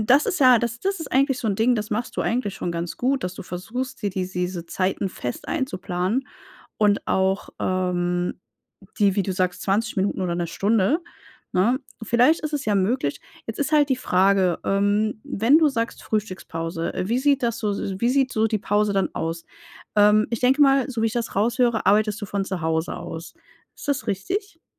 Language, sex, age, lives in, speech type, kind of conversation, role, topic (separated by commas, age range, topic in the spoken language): German, female, 35-39, Germany, conversation, advice, advisor, Wie plane ich regelmäßig Pausen ein, damit ich mich gut erholen kann?